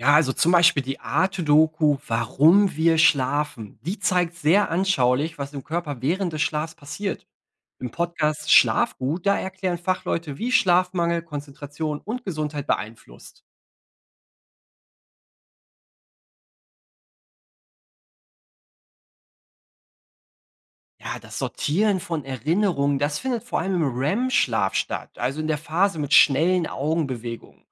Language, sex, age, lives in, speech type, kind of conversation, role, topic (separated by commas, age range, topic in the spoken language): German, male, 30-34, Germany, read, expert-assistant, expert, Kennst du eine spannende Doku oder einen Podcast, der zeigt, was in unserem Körper passiert, wenn wir schlafen?